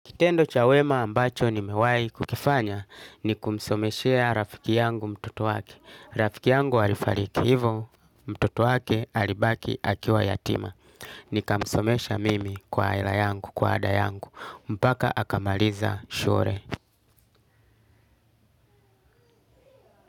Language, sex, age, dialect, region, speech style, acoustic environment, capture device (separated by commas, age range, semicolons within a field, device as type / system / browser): Swahili, male, 35-44, Tanzania - Dar es Salaam, Tanzania, happy, nature, desktop / Linux / Chrome